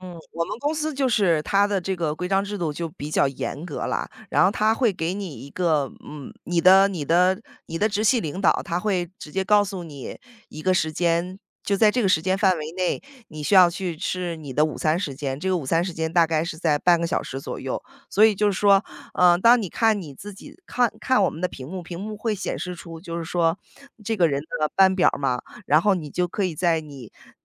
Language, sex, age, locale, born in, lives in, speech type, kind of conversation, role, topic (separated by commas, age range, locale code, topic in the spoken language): Chinese, female, 35-39, zh-CN, United States, United States, conversation, podcast, guest, 你是如何平衡工作与生活的？